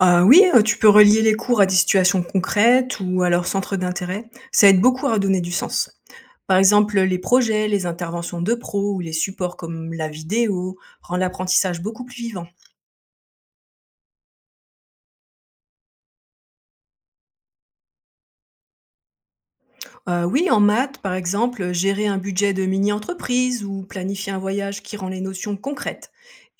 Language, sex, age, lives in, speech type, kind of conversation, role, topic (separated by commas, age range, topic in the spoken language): French, female, 50-54, France, read, expert-assistant, expert, Comment éviter que certains élèves décrochent du système scolaire, et quelles solutions marchent vraiment ?